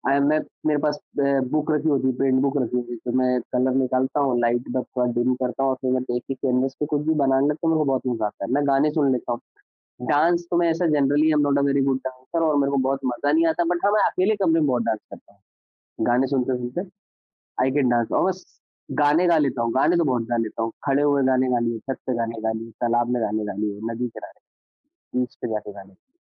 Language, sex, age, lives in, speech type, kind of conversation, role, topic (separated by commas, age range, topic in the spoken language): Hindi, male, 25-29, India, conversation, podcast, guest, Tum apne emotions ko creatively kaise express karte ho?